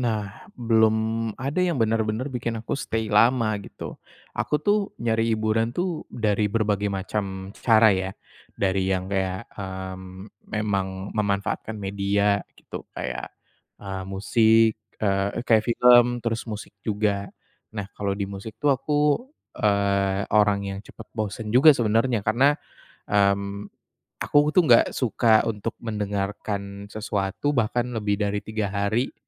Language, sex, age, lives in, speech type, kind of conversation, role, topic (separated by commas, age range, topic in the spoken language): Indonesian, male, 25-29, Indonesia, conversation, advice, user, Bagaimana cara memilih hiburan yang benar-benar memuaskan saya?